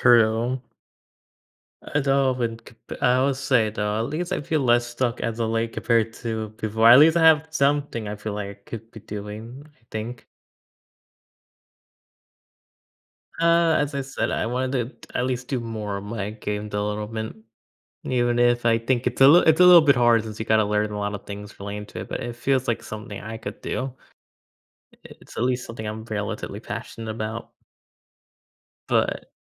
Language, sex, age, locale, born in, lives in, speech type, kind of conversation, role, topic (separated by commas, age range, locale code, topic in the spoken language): English, male, 20-24, en-US, United States, United States, conversation, advice, user, How can I get unstuck and figure out my next steps in life?